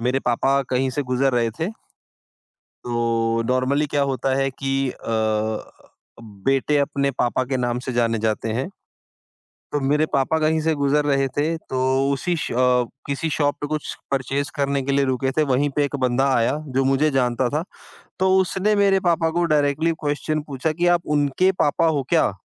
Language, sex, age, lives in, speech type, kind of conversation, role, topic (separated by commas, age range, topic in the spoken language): Hindi, female, 55-59, India, conversation, podcast, guest, Kya side hustle ne aapki pehchaan badal di hai?